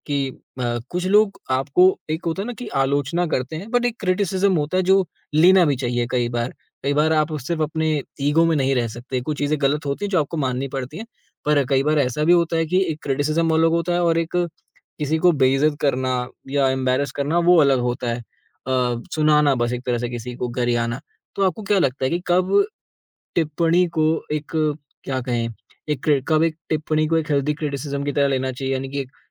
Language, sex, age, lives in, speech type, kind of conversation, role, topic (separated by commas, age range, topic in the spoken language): Hindi, male, 20-24, India, conversation, podcast, host, आप अपनी नई पहचान पर लोगों की प्रतिक्रियाओं को कैसे संभालते हैं?